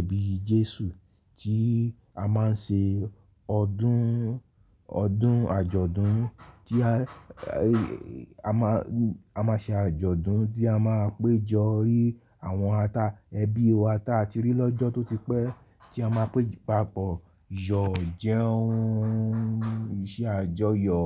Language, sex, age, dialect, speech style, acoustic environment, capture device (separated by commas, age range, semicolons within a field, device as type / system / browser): Yoruba, male, 35-44, Nigeria - Lagos Yoruba, happy, people talking; nature; appliances, mobile / Linux / Chrome